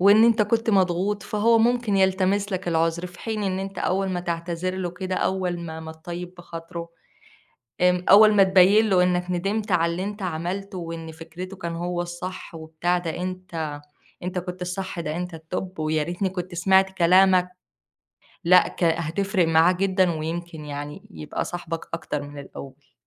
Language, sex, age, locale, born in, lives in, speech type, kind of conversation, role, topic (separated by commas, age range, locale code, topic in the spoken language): Arabic, female, 25-29, ar-EG, Egypt, Egypt, conversation, advice, advisor, إزاي أعتذر لصاحبي بعد خناقة جامدة، ولا أوضح له موقفي الأول؟